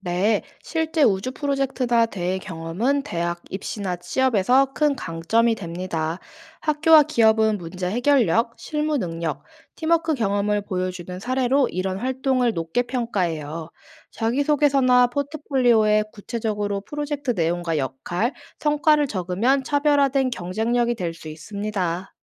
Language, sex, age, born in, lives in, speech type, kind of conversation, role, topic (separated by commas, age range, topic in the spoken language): Korean, female, 25-29, South Korea, South Korea, read, expert-assistant, expert, 우주 탐사의 미래에는 어떤 새로운 방식이나 기술들이 등장할 수 있을까요?